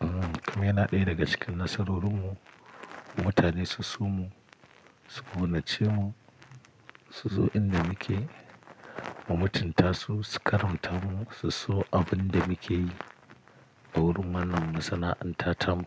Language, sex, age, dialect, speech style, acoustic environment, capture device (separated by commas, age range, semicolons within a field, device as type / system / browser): Hausa, male, 35-44, Nigeria - Kano, happy, silence, mobile / Linux / Chrome